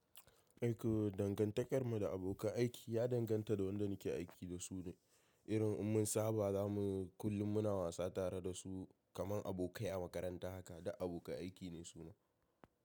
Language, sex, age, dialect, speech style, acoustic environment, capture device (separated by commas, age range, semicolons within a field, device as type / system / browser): Hausa, male, 18-24, Nigeria - Kano, happy; stressed; tired, silence, mobile / macOS / Safari